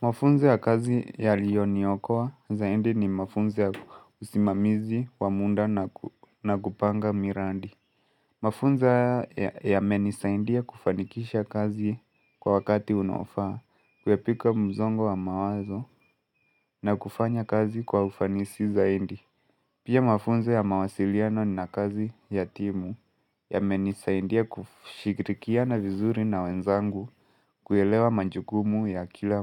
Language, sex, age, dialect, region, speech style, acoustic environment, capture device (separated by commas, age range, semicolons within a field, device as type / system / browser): Swahili, male, 18-24, Kenya - Mombasa, Kenya, stressed; focused, nature, mobile / Linux / Chrome